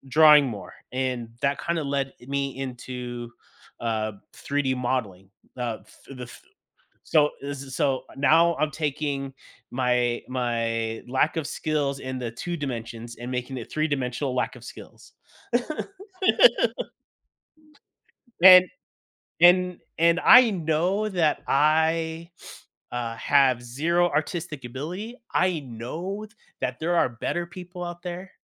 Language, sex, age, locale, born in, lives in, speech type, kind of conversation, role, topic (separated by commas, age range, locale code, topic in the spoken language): English, male, 35-39, en-US, United States, United States, conversation, podcast, guest, How can understanding your personality help you discover fulfilling hobbies?